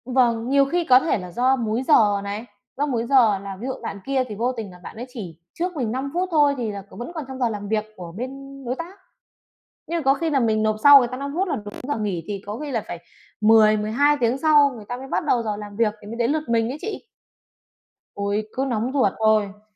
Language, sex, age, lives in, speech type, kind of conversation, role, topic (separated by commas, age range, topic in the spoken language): Vietnamese, female, 30-34, Vietnam, conversation, advice, user, Làm thế nào để bạn bỏ thói quen kiểm tra email liên tục khi đang làm việc?